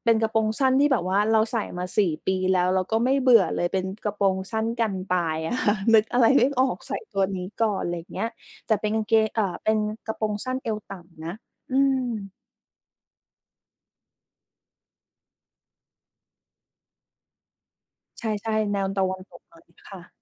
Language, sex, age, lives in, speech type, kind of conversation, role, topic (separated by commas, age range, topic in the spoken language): Thai, female, 30-34, Thailand, conversation, podcast, guest, วัฒนธรรมไทยส่งผลต่อการแต่งตัวของคุณอย่างไร?